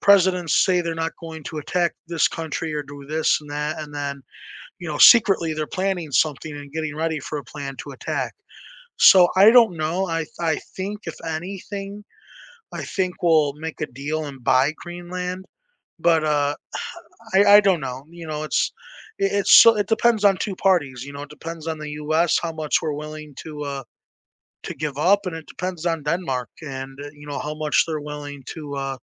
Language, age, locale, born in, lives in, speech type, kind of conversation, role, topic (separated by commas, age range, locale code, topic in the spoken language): English, 20-24, en-US, United States, United States, conversation, unstructured, none, What historical event do you think changed the world for the better?